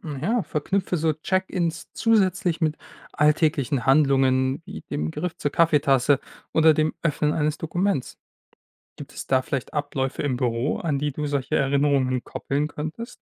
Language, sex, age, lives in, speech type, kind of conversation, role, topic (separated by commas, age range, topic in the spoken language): German, male, 25-29, Germany, read, expert-assistant, expert, Welche Fähigkeiten helfen mir, im Alltag mit psychischem Stress umzugehen, und wie kann ich diese entwickeln?